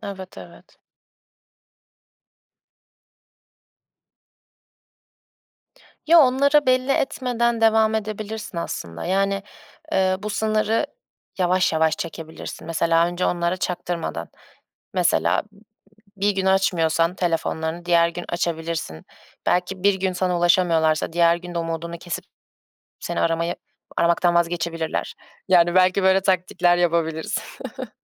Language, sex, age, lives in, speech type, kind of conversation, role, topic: Turkish, female, 20-24, Germany, conversation, advice, advisor, İşle ev hayatı arasındaki zamanı nasıl dengeleyebilirim?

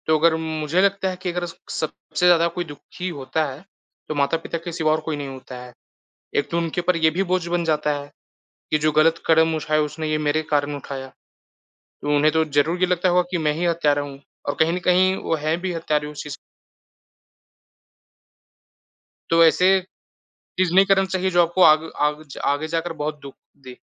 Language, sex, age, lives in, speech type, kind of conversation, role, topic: Hindi, male, 20-24, India, conversation, unstructured, none, क्या वर्तमान परीक्षा प्रणाली छात्रों का सही और निष्पक्ष मूल्यांकन करती है?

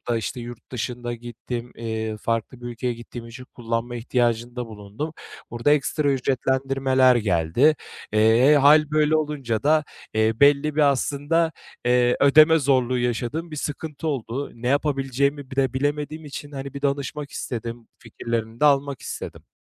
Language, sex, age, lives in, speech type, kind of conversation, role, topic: Turkish, male, 25-29, Bulgaria, conversation, advice, user, Acil durum fonun olmadığı için beklenmedik faturalarla karşılaşınca nasıl panik yaşıyorsun?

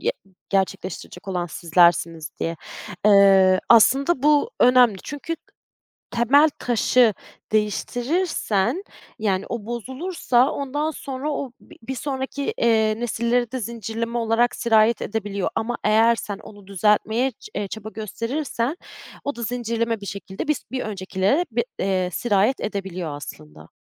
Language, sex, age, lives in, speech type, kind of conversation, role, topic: Turkish, female, 30-34, Germany, conversation, podcast, guest, Bir toplumun en önemli değeri sence nedir?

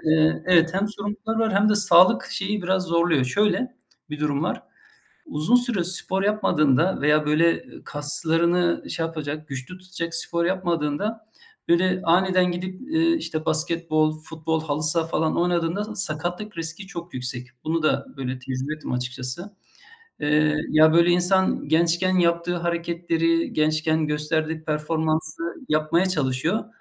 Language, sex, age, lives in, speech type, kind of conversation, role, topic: Turkish, male, 45-49, Germany, conversation, unstructured, none, Hareketsiz kalmak sizin için ne kadar ciddi bir sağlık tehdidi oluşturuyor?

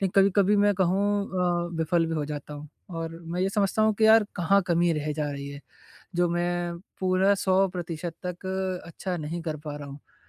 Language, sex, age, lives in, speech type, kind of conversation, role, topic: Hindi, male, 20-24, India, conversation, advice, user, मैं उत्पादकता बनाए रखते हुए प्रतिपुष्टि को कैसे शामिल करूँ?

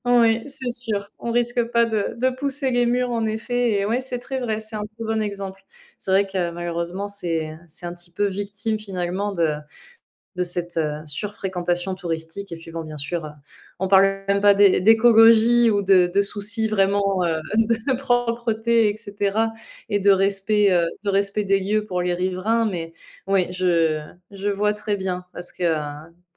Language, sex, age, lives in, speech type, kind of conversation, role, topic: French, female, 25-29, France, conversation, podcast, host, As-tu une astuce pour visiter un lieu sans être entouré de touristes ?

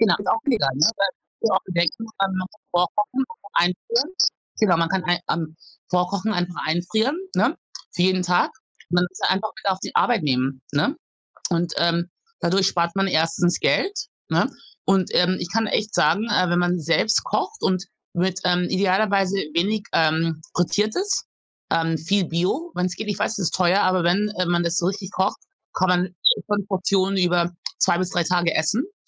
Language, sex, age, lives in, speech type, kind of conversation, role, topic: German, female, 40-44, Germany, conversation, advice, advisor, Welche Schwierigkeiten hast du dabei, neue Routinen aufzubauen?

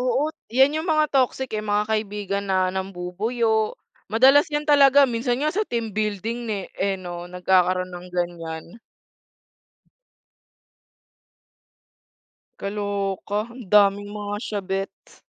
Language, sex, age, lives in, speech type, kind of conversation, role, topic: Filipino, female, 20-24, Philippines, conversation, unstructured, none, Paano mo haharapin ang pagkakaroon ng lihim sa isang relasyon?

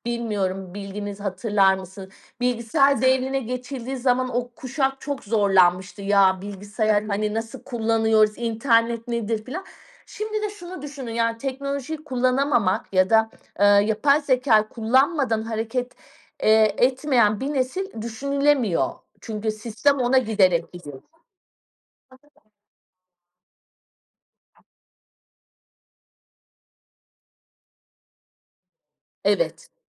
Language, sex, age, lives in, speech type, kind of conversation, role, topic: Turkish, female, 40-44, Portugal, conversation, podcast, guest, Teknolojinin öğrenme üzerindeki etkisini nasıl değerlendiriyorsun?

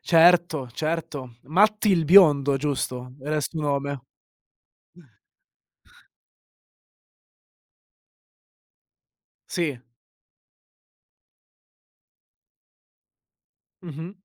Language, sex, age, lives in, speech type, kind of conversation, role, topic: Italian, male, 25-29, Italy, conversation, podcast, host, Come influenzano i meme la cultura pop, secondo la tua esperienza?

